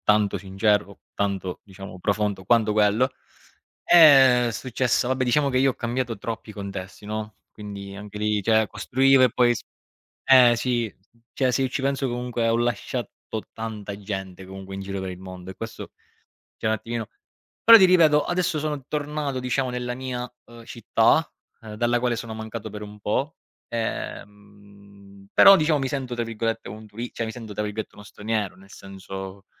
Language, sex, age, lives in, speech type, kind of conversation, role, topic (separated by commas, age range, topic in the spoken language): Italian, male, 30-34, Italy, conversation, unstructured, none, Qual è il tuo approccio per coltivare relazioni significative con i tuoi amici?